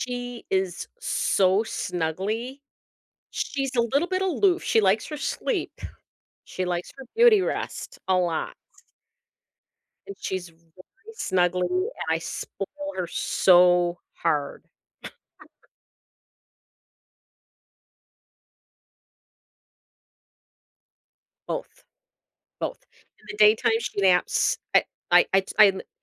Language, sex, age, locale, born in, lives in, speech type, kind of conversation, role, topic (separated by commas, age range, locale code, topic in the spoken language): English, female, 55-59, en-US, United States, United States, conversation, unstructured, none, What’s the most unexpected way pets have shown bravery?